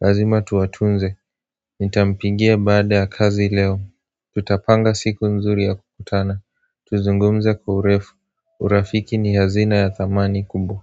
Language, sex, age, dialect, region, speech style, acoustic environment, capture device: Swahili, male, 18-24, Kenya - Nairobi Sheng-influenced, Kenya, relaxed, silence, mobile / Android / Chrome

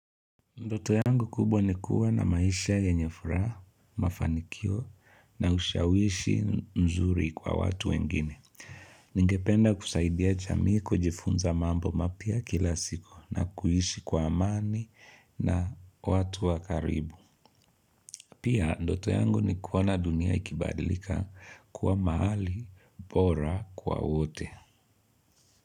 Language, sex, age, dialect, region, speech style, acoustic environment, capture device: Swahili, male, 25-34, Kenya - Nairobi Sheng-influenced, Kenya, happy; focused; relaxed, people talking; appliances, mobile / Linux / Chrome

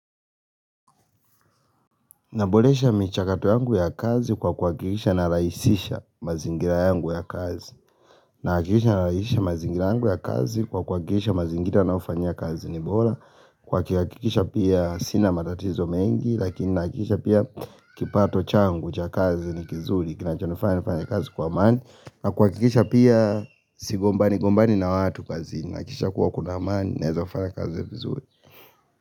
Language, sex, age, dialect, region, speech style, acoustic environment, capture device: Swahili, male, 25-34, Kenya - Nairobi Sheng-influenced, Kenya, happy, nature, mobile / Linux / Chrome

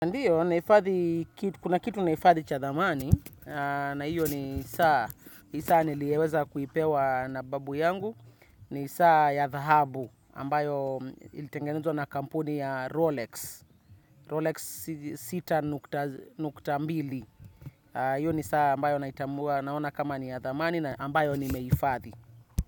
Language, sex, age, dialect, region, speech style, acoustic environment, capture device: Swahili, male, 25-34, Kenya - Nairobi Sheng-influenced, Kenya, relaxed; focused; happy; excited, nature, desktop / Windows / Chrome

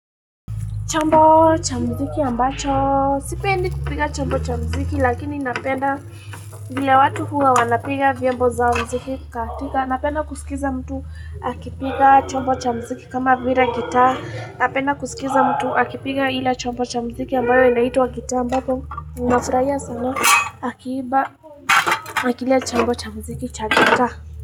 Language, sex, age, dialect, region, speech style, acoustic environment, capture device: Swahili, female, 18-24, Kenya - Nairobi Sheng-influenced, Kenya, happy, people talking, mobile / Linux / Chrome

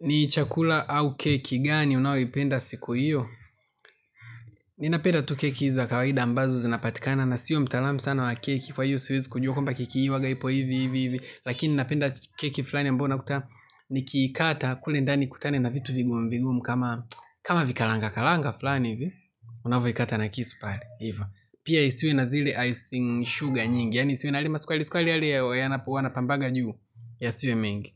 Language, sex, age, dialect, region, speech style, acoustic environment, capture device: Swahili, male, 25-34, Tanzania - Dar es Salaam, Tanzania, relaxed, people talking; nature, mobile / Linux / Chrome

